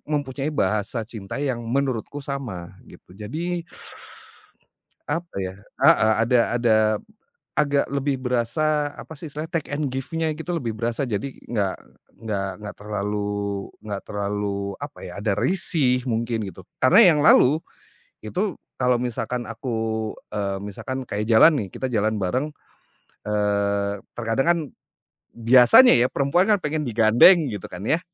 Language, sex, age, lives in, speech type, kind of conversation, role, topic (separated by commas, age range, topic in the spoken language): Indonesian, male, 40-44, Indonesia, conversation, podcast, guest, Bagaimana kamu menyatukan berbagai bahasa cinta saat konflik muncul?